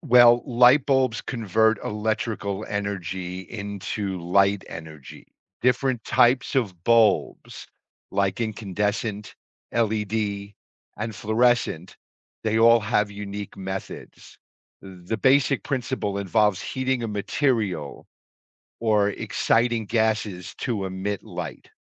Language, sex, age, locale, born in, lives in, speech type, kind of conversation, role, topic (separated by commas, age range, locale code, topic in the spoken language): English, male, 55-59, en-US, United States, United States, read, expert-assistant, expert, How does a lightbulb produce light?